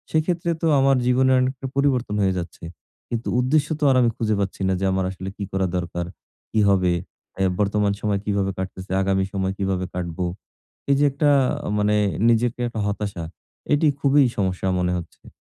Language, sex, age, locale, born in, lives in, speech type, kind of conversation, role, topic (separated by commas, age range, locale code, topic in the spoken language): Bengali, male, 40-44, bn-BD, Bangladesh, Bangladesh, conversation, advice, user, আমি কীভাবে কাজের মাধ্যমে আমার জীবনের উদ্দেশ্য খুঁজে পাব এবং আরও সংবেদনশীল হয়ে অন্যদের সহায়তা করতে পারব?